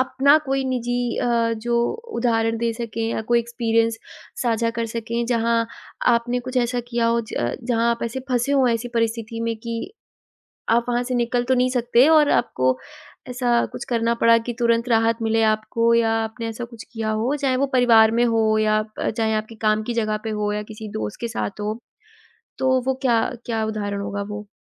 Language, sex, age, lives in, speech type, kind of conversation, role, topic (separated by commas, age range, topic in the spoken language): Hindi, female, 20-24, India, conversation, podcast, host, तुरंत राहत के लिए आप क्या करते हैं?